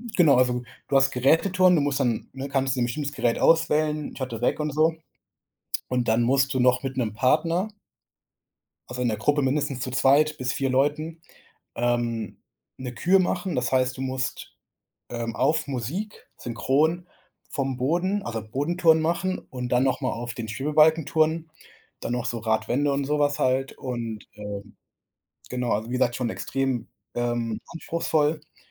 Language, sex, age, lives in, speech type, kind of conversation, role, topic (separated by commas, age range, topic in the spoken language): German, male, 25-29, Germany, conversation, podcast, guest, Wie findest du die Motivation, regelmäßig Sport zu machen?